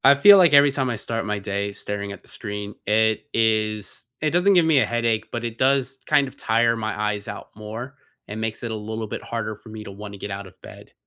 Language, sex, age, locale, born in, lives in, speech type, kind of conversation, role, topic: English, male, 30-34, en-US, United States, United States, conversation, unstructured, none, What small ritual makes your morning feel right for you?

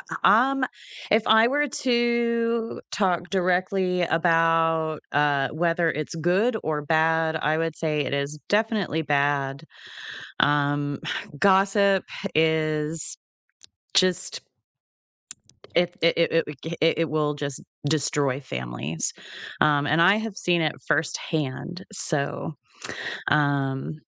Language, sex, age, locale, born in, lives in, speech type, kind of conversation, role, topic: English, female, 40-44, en-US, Canada, United States, conversation, unstructured, none, What is your opinion on gossip within families?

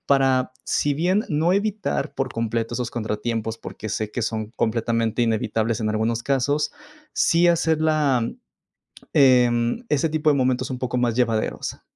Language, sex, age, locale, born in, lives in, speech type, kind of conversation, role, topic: Spanish, male, 25-29, es-MX, Mexico, Mexico, conversation, advice, user, ¿Por qué me cuesta organizar mis viajes y vacaciones?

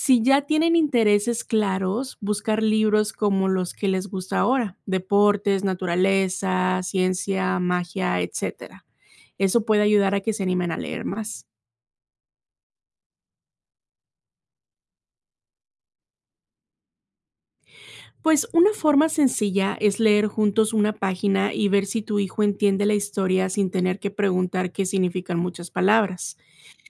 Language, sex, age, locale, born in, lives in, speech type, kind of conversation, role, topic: Spanish, female, 30-34, es-MX, Mexico, United States, read, expert-assistant, expert, ¿Por qué es esencial fomentar la lectura en la infancia?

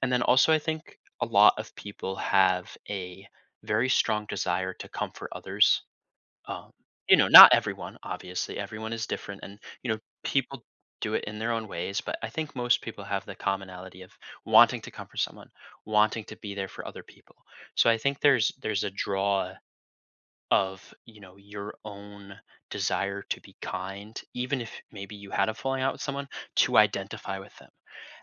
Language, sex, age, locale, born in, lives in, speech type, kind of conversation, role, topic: English, male, 20-24, en-US, United States, United States, conversation, podcast, guest, How can experiencing loss together strengthen our relationships?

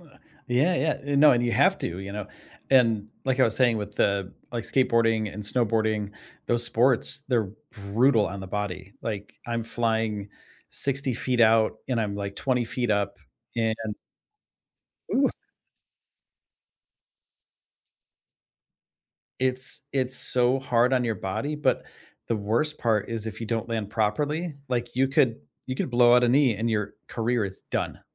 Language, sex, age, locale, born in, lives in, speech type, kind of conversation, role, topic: English, male, 45-49, en-US, United States, United States, conversation, unstructured, none, Who is a mentor who shaped your career, and how did they help you?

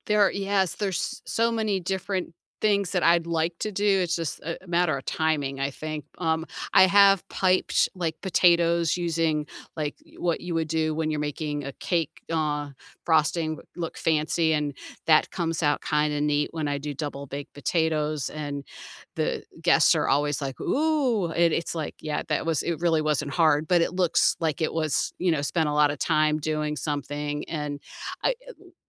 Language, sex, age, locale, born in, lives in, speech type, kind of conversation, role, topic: English, female, 55-59, en-US, United States, United States, conversation, unstructured, none, What small rituals and finishing touches make your home-cooked meals feel special?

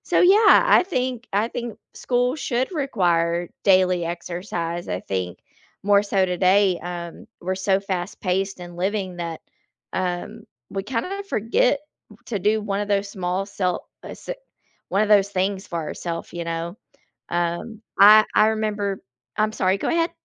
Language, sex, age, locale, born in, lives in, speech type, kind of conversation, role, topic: English, female, 35-39, en-US, United States, United States, conversation, unstructured, none, Should schools require daily exercise for all students?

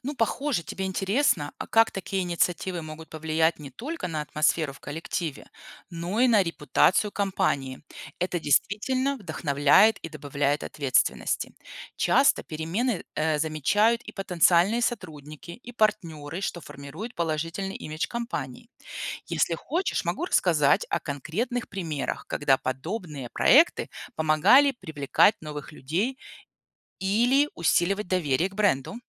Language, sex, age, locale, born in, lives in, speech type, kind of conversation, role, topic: Russian, female, 40-44, ru-RU, Belarus, United States, read, expert-assistant, expert, Какими новыми способами можно вдохновлять людей заботиться о своём здоровье в современном мире?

